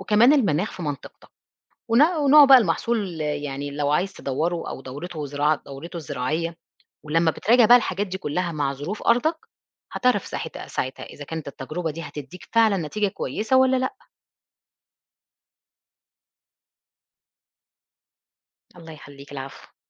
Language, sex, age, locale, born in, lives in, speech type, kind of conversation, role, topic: Arabic, female, 40-44, ar-EG, Egypt, Portugal, read, expert-assistant, expert, إزاي أقدر أختار بين أنواع التكنولوجيا الزراعية المختلفة عشان أطور مزرعتي وأحقق توازن بين التكلفة والإنتاجية؟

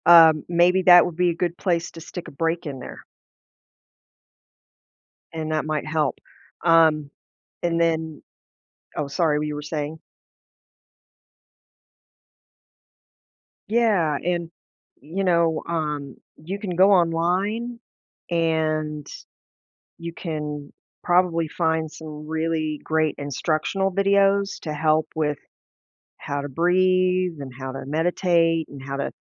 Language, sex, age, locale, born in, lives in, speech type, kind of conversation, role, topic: English, female, 50-54, en-US, United States, United States, conversation, advice, advisor, How can I find calm during my daily routine?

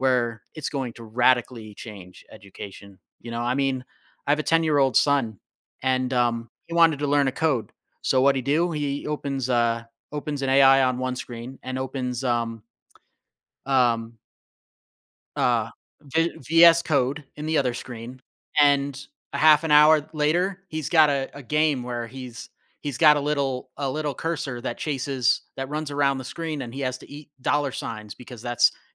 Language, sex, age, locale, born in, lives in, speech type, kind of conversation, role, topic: English, male, 35-39, en-US, United States, United States, conversation, podcast, guest, How is technology shaping the way we learn and teach today?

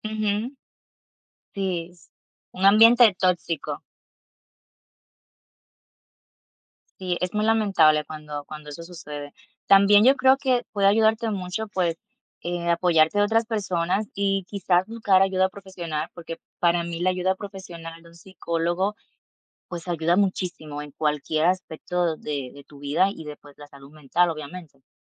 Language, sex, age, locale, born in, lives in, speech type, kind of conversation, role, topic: Spanish, female, 30-34, es-DO, Dominican Republic, United States, conversation, unstructured, none, ¿Cómo manejas el estrés en tu día a día?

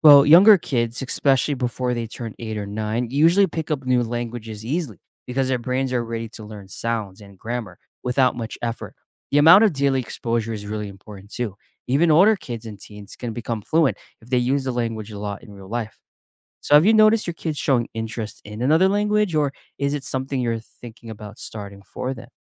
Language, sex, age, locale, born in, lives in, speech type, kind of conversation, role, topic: English, male, 25-29, en-US, United States, United States, read, expert-assistant, expert, What are the benefits of learning a second language early?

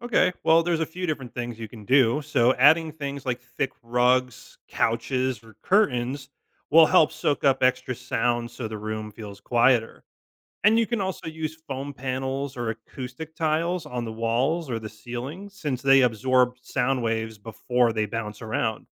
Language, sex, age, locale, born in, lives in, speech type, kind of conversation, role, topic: English, male, 35-39, en-US, United States, United States, read, expert-assistant, expert, How does sound travel through different materials?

